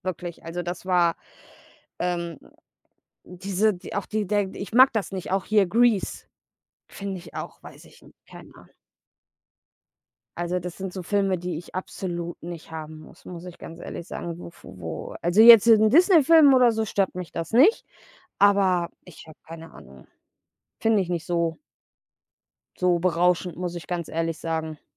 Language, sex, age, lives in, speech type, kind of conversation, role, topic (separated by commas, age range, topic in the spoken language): German, female, 30-34, Germany, conversation, unstructured, none, Was macht für dich einen guten Film aus?